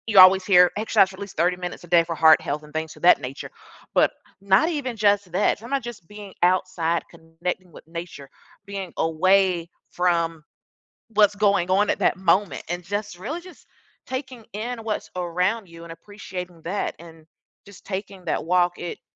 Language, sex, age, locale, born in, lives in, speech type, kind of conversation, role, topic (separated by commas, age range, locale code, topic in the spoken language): English, female, 40-44, en-US, United States, United States, conversation, podcast, guest, What are some practical habits that can help manage everyday stress?